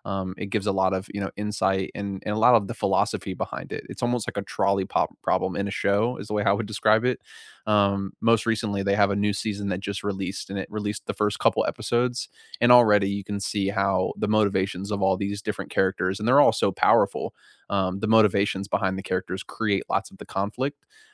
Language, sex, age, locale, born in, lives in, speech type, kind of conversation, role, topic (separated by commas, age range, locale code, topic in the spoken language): English, male, 55-59, en-US, United States, United States, conversation, unstructured, none, What show, book, or podcast are you loving right now, and why should I try it?